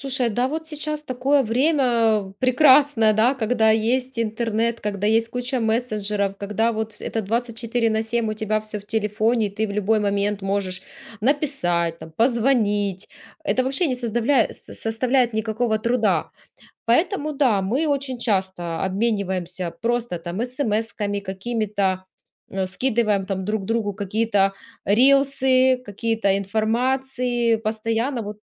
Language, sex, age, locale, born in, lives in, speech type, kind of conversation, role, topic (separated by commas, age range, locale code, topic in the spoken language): Russian, female, 35-39, ru-RU, Ukraine, Spain, conversation, podcast, guest, Как поддерживать дружбу на расстоянии?